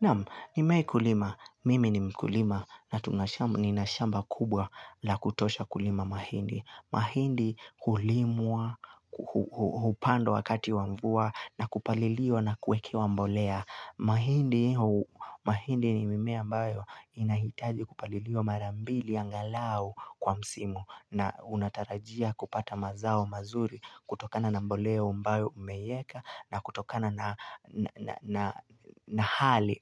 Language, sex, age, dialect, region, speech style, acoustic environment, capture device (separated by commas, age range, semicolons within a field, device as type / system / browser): Swahili, male, 18-24, Kenya - Nairobi Sheng-influenced, Kenya, relaxed; focused, music; people talking; nature, mobile / Linux / Chrome